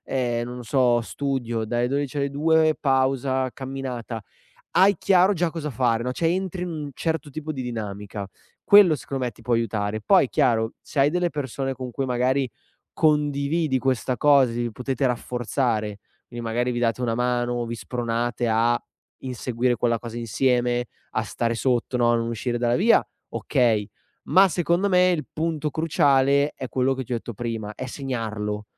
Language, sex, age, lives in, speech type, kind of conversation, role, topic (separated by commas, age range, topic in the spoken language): Italian, male, 25-29, Italy, conversation, advice, advisor, Perché continuo a rimandare l’attuazione dei miei piani anche quando voglio metterli in pratica?